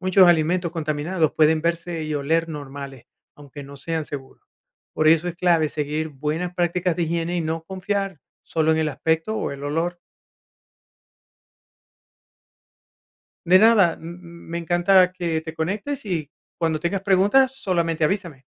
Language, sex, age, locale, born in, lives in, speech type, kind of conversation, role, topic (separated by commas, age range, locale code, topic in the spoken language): Spanish, male, 50-54, es-VE, Venezuela, United States, read, expert-assistant, expert, ¿Qué significa realmente que un alimento sea “seguro”? ¿Puede existir seguridad alimentaria absoluta o siempre hay algún riesgo?